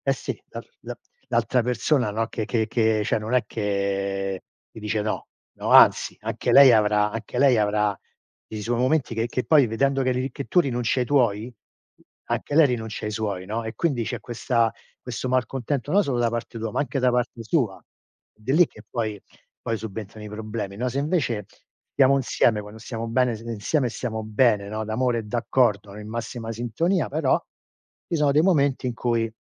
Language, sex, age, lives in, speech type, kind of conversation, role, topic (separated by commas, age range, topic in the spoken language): Italian, male, 60-64, United States, conversation, unstructured, none, Quali valori pensi siano fondamentali per una buona convivenza?